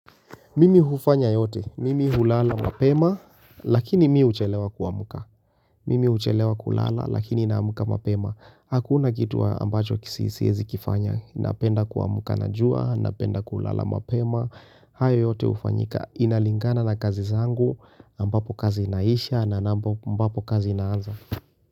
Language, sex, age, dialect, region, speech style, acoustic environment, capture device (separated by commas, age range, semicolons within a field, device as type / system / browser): Swahili, male, 25-34, Kenya - Nairobi Sheng-influenced, Kenya, tired; anxious; focused; nervous; excited, silence, mobile / Linux / Chrome